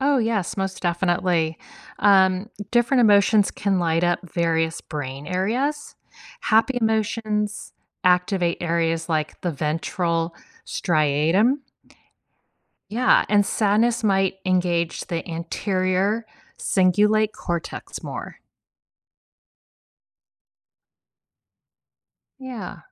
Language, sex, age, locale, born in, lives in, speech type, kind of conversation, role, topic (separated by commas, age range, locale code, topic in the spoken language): English, female, 55-59, en-US, United States, United States, read, expert-assistant, expert, How does the brain process emotions?